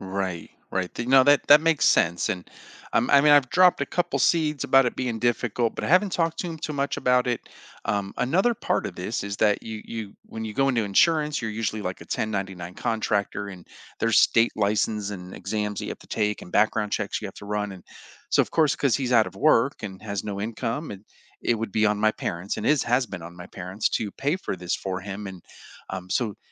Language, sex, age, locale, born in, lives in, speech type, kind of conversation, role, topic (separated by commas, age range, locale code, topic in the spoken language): English, male, 45-49, en-US, United States, United States, conversation, advice, user, How can I be honest without hurting someone's feelings?